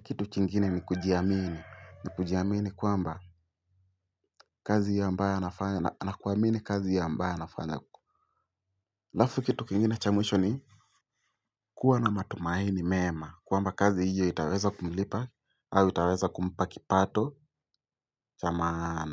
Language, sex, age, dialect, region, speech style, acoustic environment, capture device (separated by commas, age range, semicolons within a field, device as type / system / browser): Swahili, male, 18-24, Kenya - Mombasa, Kenya, focused, silence, mobile / Linux / Chrome